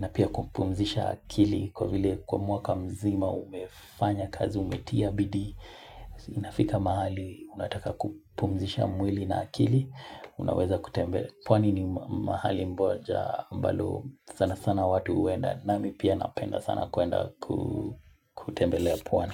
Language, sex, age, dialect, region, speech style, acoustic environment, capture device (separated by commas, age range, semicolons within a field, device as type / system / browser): Swahili, male, 25-34, Kenya - Mombasa, Kenya, relaxed, music, mobile / Linux / Chrome